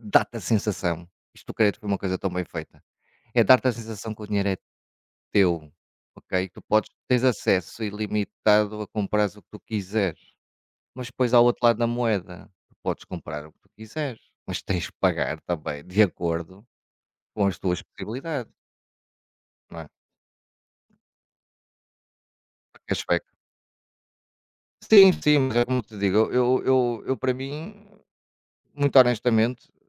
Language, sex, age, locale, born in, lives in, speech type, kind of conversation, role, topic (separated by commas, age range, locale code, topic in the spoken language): Portuguese, male, 45-49, pt-PT, Portugal, Portugal, conversation, unstructured, none, O que mais te incomoda nas taxas escondidas dos cartões de crédito?